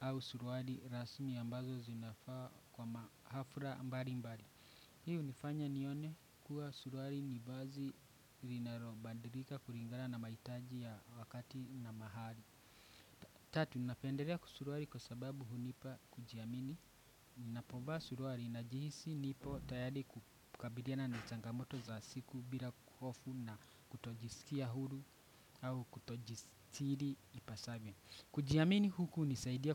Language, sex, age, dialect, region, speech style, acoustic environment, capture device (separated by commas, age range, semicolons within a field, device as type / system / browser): Swahili, male, 25-34, Kenya - Nairobi Sheng-influenced, Kenya, anxious, people talking; appliances, desktop / Windows / Chrome